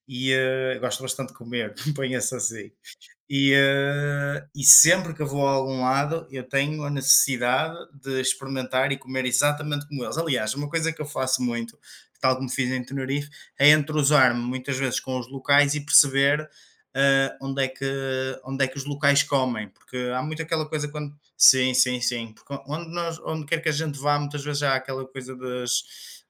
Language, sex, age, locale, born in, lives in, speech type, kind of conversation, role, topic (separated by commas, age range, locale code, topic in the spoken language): Portuguese, male, 25-29, pt-PT, Portugal, Portugal, conversation, unstructured, none, O que faz uma viagem ser realmente inesquecível para você?